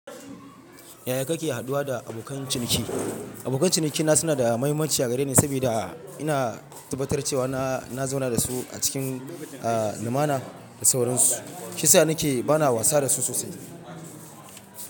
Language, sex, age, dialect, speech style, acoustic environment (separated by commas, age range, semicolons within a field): Hausa, male, 25-34, Nigeria - Ibadan, relaxed, silence